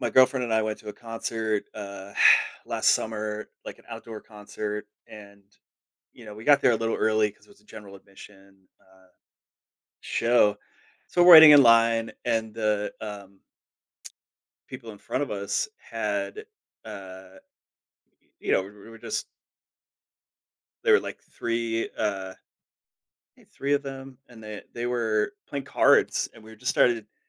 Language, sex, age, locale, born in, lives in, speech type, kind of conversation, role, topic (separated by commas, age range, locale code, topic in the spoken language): English, male, 40-44, en-US, United States, United States, conversation, podcast, guest, What are some effective ways to build meaningful connections in unfamiliar environments?